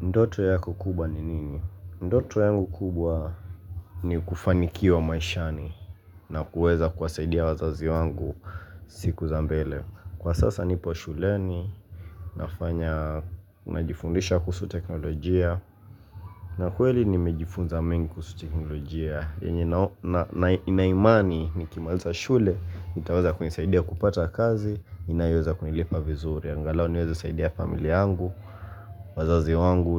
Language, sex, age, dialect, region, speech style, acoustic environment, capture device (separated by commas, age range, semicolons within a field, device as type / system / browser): Swahili, male, 18-24, Kenya - Mombasa, Kenya, relaxed, silence, mobile / Linux / Chrome